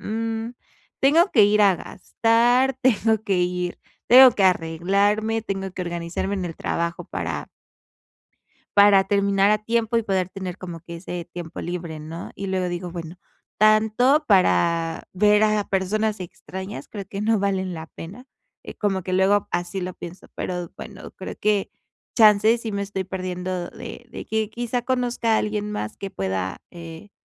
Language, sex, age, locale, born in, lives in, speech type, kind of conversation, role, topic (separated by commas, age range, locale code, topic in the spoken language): Spanish, female, 25-29, es-MX, Mexico, Mexico, conversation, advice, user, ¿Cómo puedo conectar mejor con personas en eventos sociales grupales?